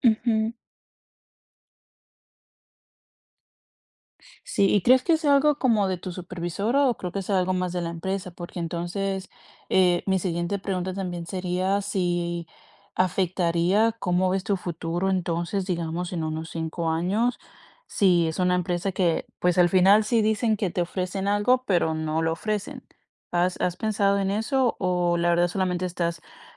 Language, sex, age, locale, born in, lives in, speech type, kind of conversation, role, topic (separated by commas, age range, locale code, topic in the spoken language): Spanish, female, 25-29, es-CO, Colombia, United States, conversation, advice, advisor, ¿Cómo puedo planificar mi carrera para avanzar y mejorar mis habilidades a largo plazo?